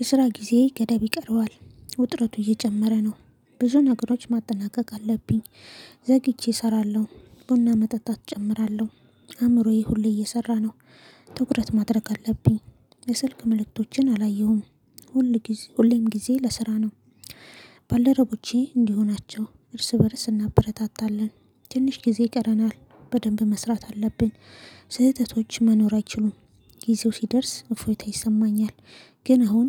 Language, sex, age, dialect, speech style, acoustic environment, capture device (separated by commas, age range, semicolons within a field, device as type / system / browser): Amharic, female, 25-34, Ethiopia - Addis Ababa, excited, silence, mobile / Linux / Chrome